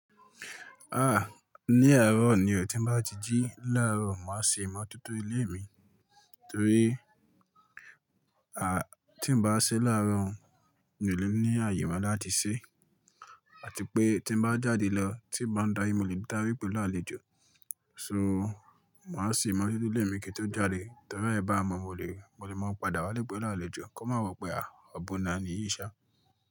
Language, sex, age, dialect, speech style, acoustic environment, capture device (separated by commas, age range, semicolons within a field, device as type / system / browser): Yoruba, male, 25-34, Nigeria - Ibadan, focused; relaxed; excited, silence, mobile / Linux / Chrome